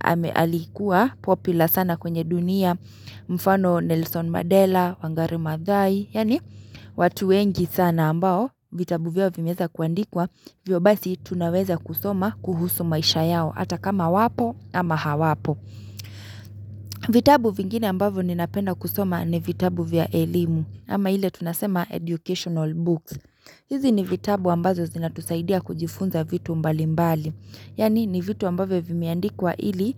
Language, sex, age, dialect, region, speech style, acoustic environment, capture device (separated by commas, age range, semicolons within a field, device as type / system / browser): Swahili, female, 25-34, Kenya - Nairobi Sheng-influenced, Kenya, relaxed; excited; focused, silence, mobile / Linux / Chrome